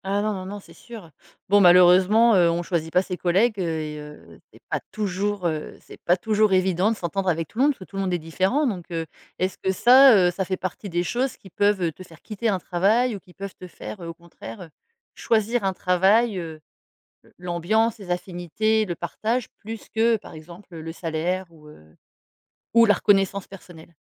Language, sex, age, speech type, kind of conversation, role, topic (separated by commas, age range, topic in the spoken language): French, female, 40-44, conversation, podcast, host, Qu’est-ce qui te motive vraiment au travail ?